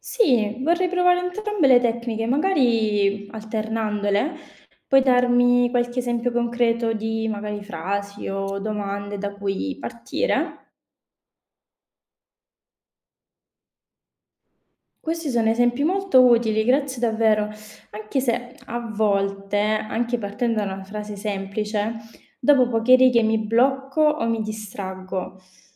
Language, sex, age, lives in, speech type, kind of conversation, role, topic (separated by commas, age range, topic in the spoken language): Italian, female, 25-29, Italy, read, expert-assistant, asker, Come si può superare il blocco dello scrittore quando si ha poco tempo libero per dedicarsi alla scrittura creativa?